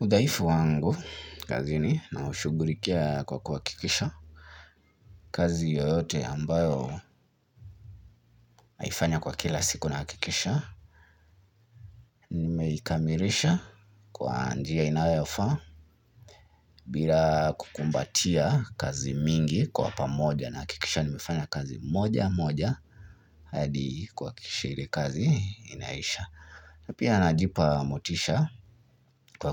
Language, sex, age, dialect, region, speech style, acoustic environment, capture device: Swahili, male, 25-34, Kenya - Nairobi Sheng-influenced, Kenya, relaxed, music; appliances, mobile / Linux / Chrome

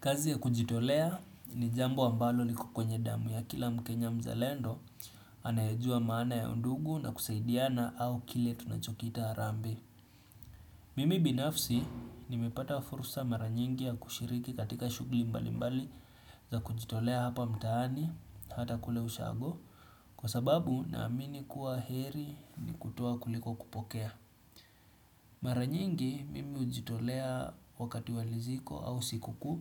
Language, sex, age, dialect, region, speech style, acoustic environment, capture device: Swahili, male, 25-34, Kenya - Nairobi Sheng-influenced, Kenya, happy; relaxed; excited, music; silence; appliances, desktop / Windows / Chrome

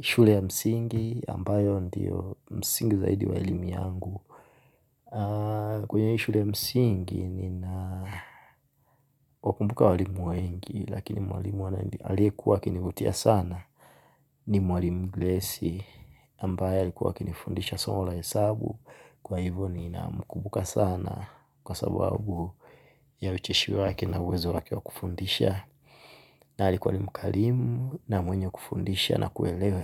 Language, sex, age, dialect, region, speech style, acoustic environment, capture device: Swahili, male, 25-34, Tanzania - Dar es Salaam, Tanzania, relaxed, silence, mobile / Linux / Chrome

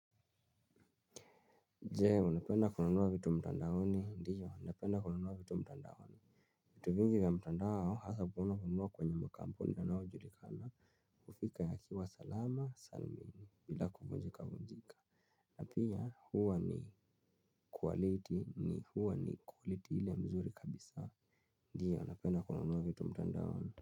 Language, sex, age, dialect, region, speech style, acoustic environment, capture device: Swahili, male, 25-34, Kenya - Nairobi Sheng-influenced, Kenya, relaxed, silence; nature, mobile / Linux / Chrome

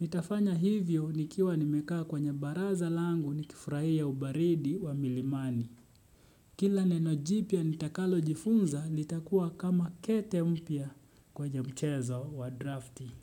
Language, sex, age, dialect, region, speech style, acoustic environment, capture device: Swahili, male, 25-34, Kenya - Nairobi Sheng-influenced, Kenya, relaxed, silence, desktop / Windows / Chrome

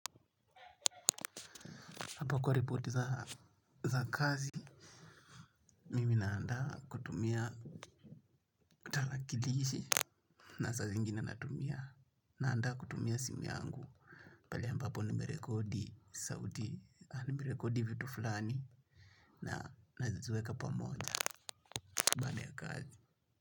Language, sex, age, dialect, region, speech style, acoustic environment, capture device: Swahili, male, 18-24, Kenya - Nairobi Sheng-influenced, Kenya, focused, nature, mobile / Linux / Chrome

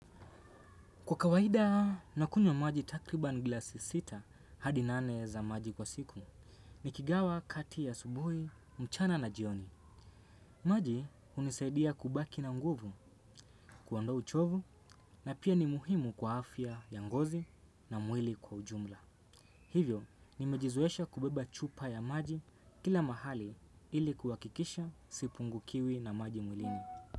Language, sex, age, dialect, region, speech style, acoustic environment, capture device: Swahili, male, 18-24, Kenya - Mombasa, Kenya, focused, silence, desktop / Windows / Chrome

